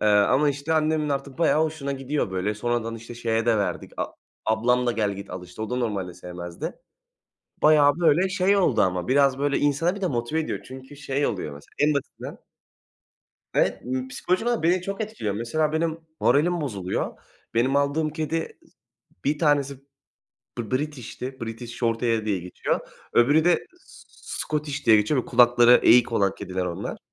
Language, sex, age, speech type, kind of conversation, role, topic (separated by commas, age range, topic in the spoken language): Turkish, male, 20-24, conversation, unstructured, none, Hangi hayvan sizi en çok etkiliyor ve bu etkinin nedeni nedir?